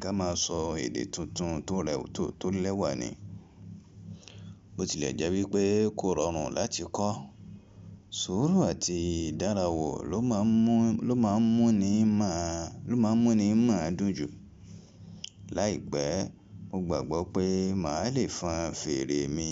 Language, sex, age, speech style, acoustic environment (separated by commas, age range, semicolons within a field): Yoruba, male, 25-34, relaxed, people talking; music; appliances